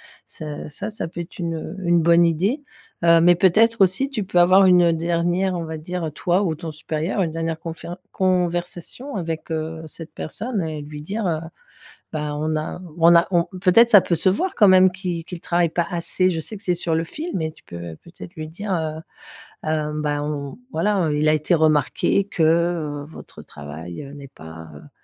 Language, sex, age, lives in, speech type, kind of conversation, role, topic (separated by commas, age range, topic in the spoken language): French, female, 50-54, France, conversation, advice, advisor, Comment puis-je gérer ou licencier un employé qui n’atteint pas les attentes de performance ?